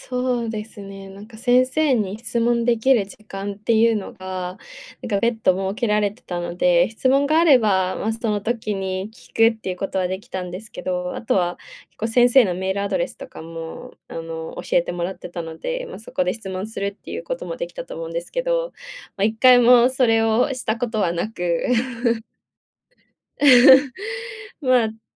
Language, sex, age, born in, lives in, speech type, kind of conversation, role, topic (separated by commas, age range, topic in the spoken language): Japanese, female, 20-24, Japan, Japan, conversation, podcast, guest, オンライン授業の良い点と困った点は何ですか？